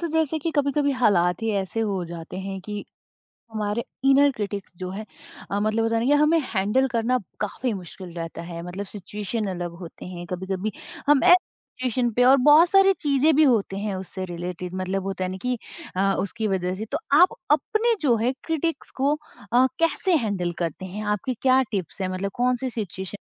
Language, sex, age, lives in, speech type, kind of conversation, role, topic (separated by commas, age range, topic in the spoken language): Hindi, female, 30-34, India, conversation, podcast, host, Apne inner critic ko tum kaise handle karte ho?